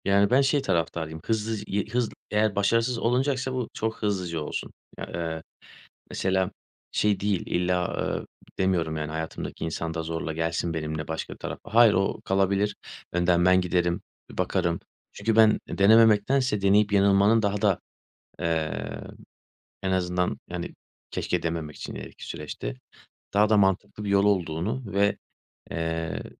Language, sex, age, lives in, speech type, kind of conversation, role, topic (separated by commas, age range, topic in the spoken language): Turkish, male, 30-34, Bulgaria, conversation, podcast, guest, Yaratıcı risk almayı nasıl öğrenebiliriz?